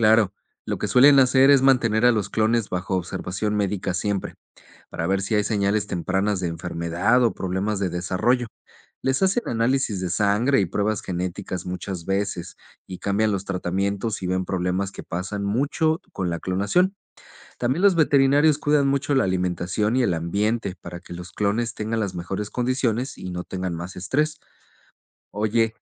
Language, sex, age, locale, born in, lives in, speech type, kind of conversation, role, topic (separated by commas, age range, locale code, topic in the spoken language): Spanish, male, 40-44, es-MX, Mexico, Spain, read, expert-assistant, expert, Explica el proceso de clonación en los seres vivos.